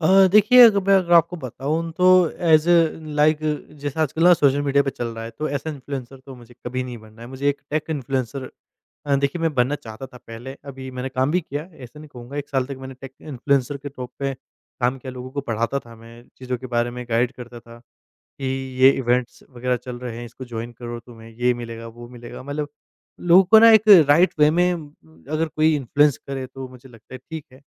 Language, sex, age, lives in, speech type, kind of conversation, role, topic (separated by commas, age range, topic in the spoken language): Hindi, male, 20-24, India, conversation, podcast, guest, Influencer banna shuru karne ke liye kya karna chahiye?